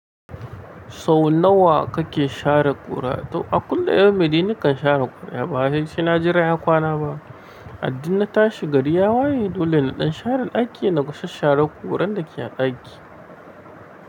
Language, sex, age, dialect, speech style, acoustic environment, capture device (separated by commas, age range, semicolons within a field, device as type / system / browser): Hausa, male, 25-34, Nigeria - Nigerian Standard, relaxed; anxious; happy; excited; focused, nature, mobile / Linux / Chrome